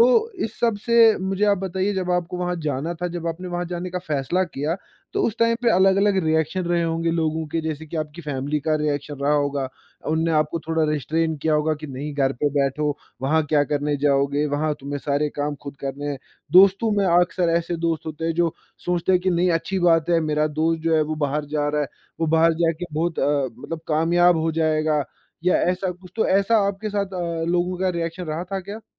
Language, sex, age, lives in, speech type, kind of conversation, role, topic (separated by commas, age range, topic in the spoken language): Hindi, male, 20-24, India, conversation, podcast, host, Aap apne comfort zone se bahar kaise nikle the, apna experience share kar sakte ho?